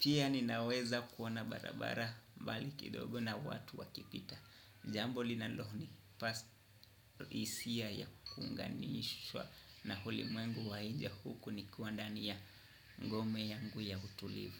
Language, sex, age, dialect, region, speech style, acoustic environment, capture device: Swahili, male, 25-34, Kenya - Nairobi Sheng-influenced, Kenya, happy, nature, desktop / Windows / Chrome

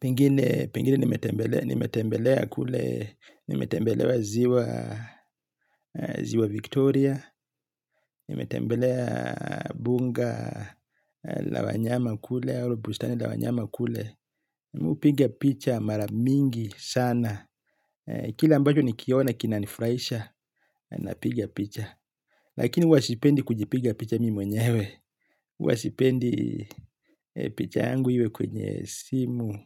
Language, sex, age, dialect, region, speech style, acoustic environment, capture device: Swahili, male, 35-44, Kenya - Mombasa, Kenya, happy, silence, mobile / Linux / Chrome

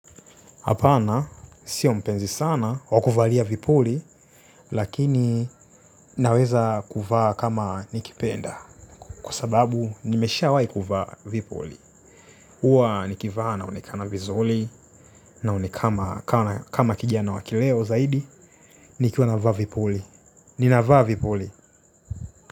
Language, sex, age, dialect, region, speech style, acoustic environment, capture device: Swahili, male, 25-34, Tanzania - Dar es Salaam, Tanzania, tired, silence, mobile / Linux / Chrome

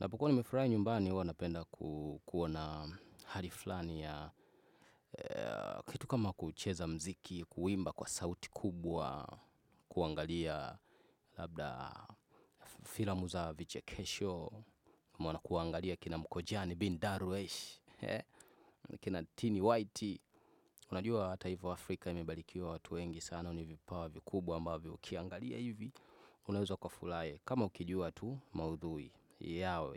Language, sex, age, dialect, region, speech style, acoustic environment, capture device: Swahili, male, 25-34, Tanzania - Dar es Salaam, Tanzania, relaxed, nature, desktop / Windows / Chrome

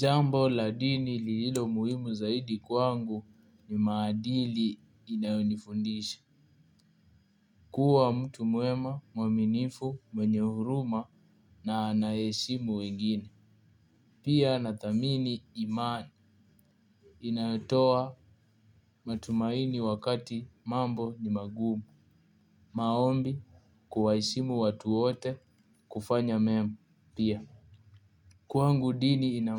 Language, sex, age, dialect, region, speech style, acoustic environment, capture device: Swahili, male, 18-24, Kenya - Nairobi Sheng-influenced, Kenya, focused, appliances, mobile / Linux / Chrome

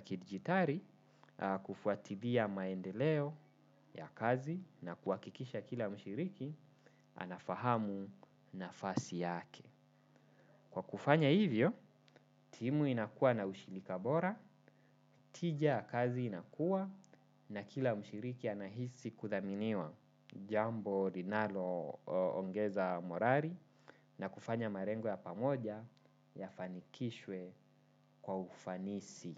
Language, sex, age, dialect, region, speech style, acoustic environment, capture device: Swahili, male, 25-34, Kenya - Nairobi Sheng-influenced, Kenya, focused, nature, desktop / Windows / Chrome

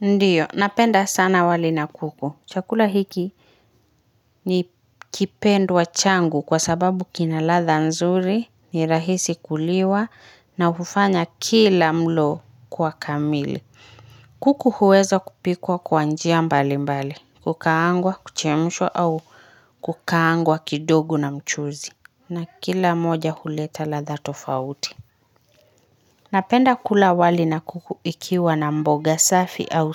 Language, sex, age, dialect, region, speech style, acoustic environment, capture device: Swahili, female, 25-34, Kenya - Nairobi Sheng-influenced, Kenya, happy, silence, mobile / Linux / Chrome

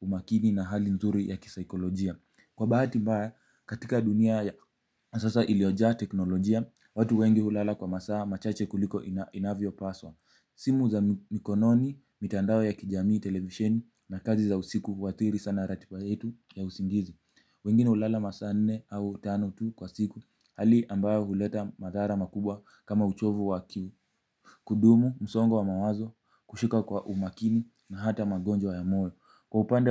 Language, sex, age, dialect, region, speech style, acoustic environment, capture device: Swahili, male, 18-24, Kenya - Mombasa, Kenya, excited; focused; relaxed, people talking, mobile / Linux / Chrome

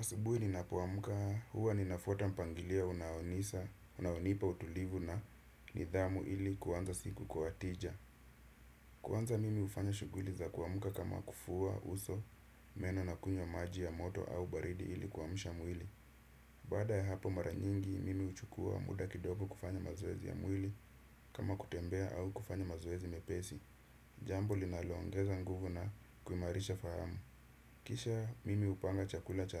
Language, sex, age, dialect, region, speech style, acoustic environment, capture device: Swahili, male, 25-34, Kenya - Nairobi Sheng-influenced, Kenya, happy; relaxed; focused; excited, nature; silence, desktop / Windows / Chrome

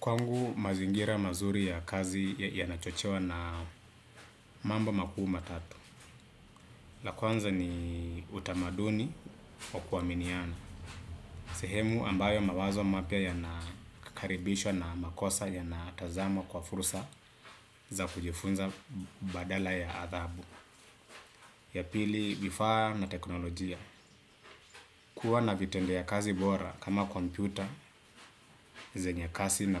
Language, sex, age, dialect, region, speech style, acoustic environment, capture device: Swahili, male, 25-34, Kenya - Nairobi Sheng-influenced, Kenya, relaxed, appliances, desktop / Windows / Chrome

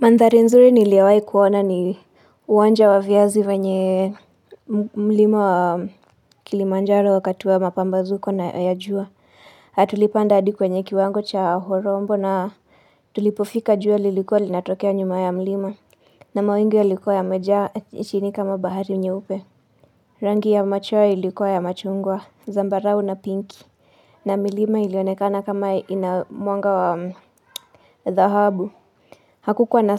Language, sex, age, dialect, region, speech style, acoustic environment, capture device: Swahili, female, 25-34, Kenya - Nairobi Sheng-influenced, Kenya, relaxed, silence, mobile / Linux / Chrome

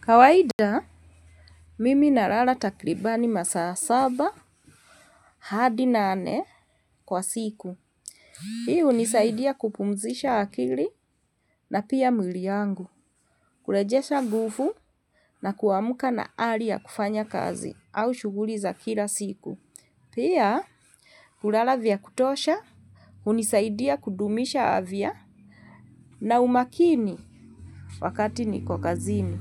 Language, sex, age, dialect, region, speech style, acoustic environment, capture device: Swahili, female, 45-54, Kenya - Nairobi Sheng-influenced, Kenya, happy, silence, mobile / Linux / Chrome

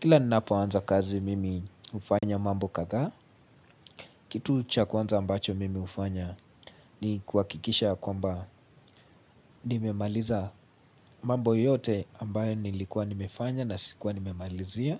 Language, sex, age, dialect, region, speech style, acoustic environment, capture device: Swahili, male, 18-24, Kenya - Nairobi Sheng-influenced, Kenya, relaxed; focused, silence; nature, mobile / Linux / Chrome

